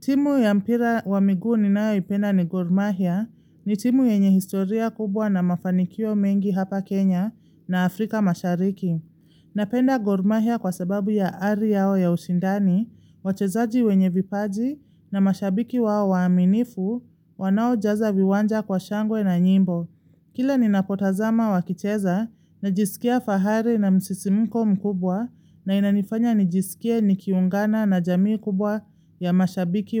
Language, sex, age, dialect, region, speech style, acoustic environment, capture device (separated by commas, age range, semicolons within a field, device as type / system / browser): Swahili, female, 25-34, Kenya - Nairobi Sheng-influenced, Kenya, relaxed; happy, silence, mobile / Linux / Chrome